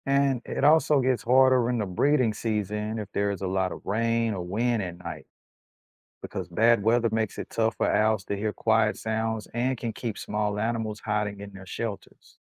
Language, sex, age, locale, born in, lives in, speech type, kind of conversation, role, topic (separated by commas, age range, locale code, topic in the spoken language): English, male, 35-39, en-US, United States, United States, read, expert-assistant, expert, How do owls hunt at night undetected?